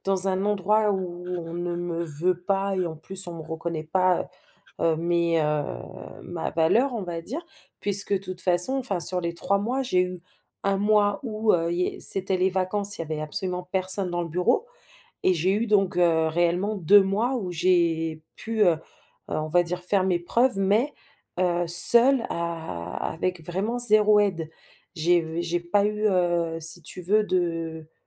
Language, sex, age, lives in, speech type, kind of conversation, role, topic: French, female, 30-34, France, conversation, advice, user, Comment avez-vous vécu une perte soudaine d’emploi ?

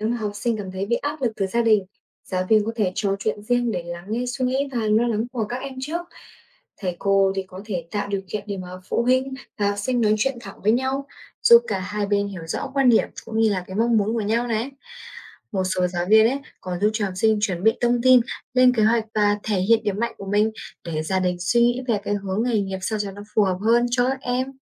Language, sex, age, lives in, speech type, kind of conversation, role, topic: Vietnamese, female, 20-24, Vietnam, read, expert-assistant, expert, Vai trò của giáo viên trong việc định hướng nghề nghiệp cho học sinh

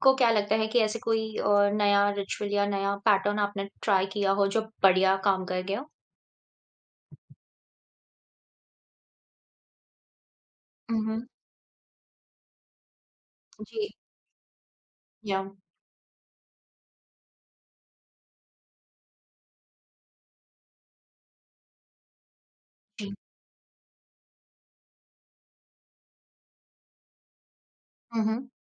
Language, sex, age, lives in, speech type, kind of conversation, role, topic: Hindi, female, 30-34, India, conversation, podcast, host, Exam pressure ko tum kaise handle karte the?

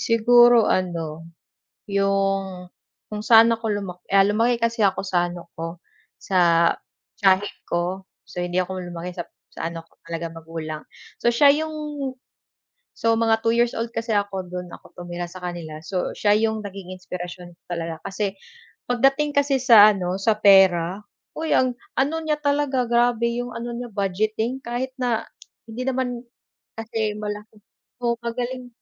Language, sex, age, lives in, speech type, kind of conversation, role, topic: Filipino, female, 25-29, Philippines, conversation, unstructured, none, Anong klaseng buhay ang gusto mong mabuhay sa hinaharap?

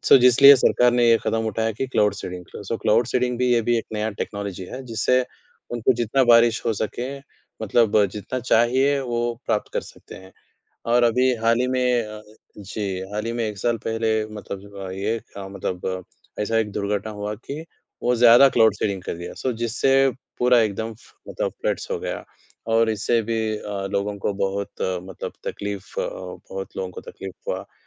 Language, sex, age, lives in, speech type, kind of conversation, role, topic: Hindi, male, 20-24, India, conversation, unstructured, none, क्या तकनीक के कारण पर्यावरण को नुकसान हो रहा है?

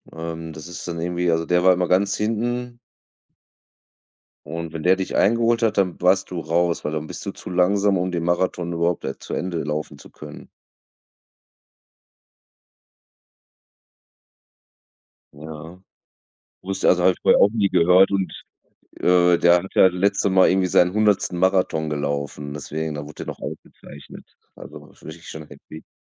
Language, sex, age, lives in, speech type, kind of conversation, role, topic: German, male, 35-39, Germany, conversation, unstructured, none, Was motiviert dich, regelmäßig Sport zu treiben?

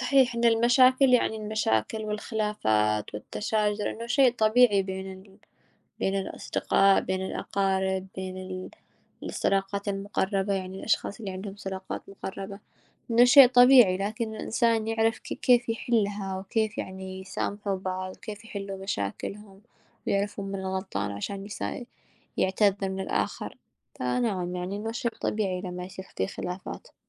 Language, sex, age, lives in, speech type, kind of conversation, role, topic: Arabic, female, 20-24, United States, conversation, unstructured, none, إزاي المشاكل العائلية بتأثر على الصحة النفسية للشخص؟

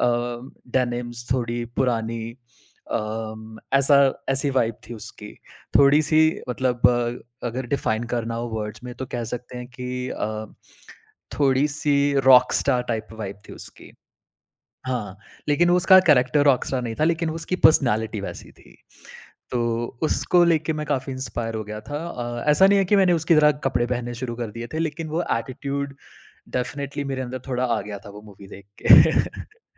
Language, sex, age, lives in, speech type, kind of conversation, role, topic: Hindi, male, 30-34, India, conversation, podcast, guest, Aapko sabse zyada chhoo jaane wali kahani kaun si thi?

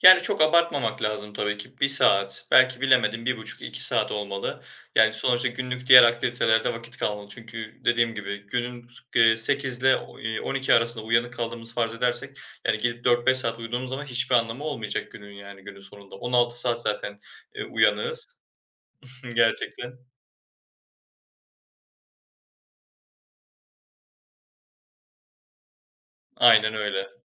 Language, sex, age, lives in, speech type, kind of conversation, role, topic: Turkish, male, 20-24, Germany, conversation, podcast, guest, Motivasyonun düştüğünde kendini nasıl yeniden canlandırırsın?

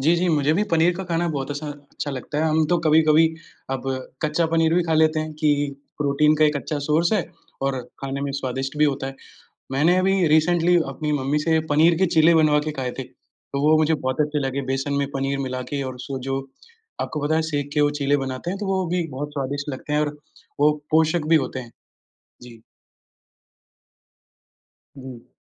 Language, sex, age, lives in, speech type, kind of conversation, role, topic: Hindi, male, 20-24, India, conversation, unstructured, none, आपको कौन-सा खाना सबसे ज़्यादा पसंद है और क्यों?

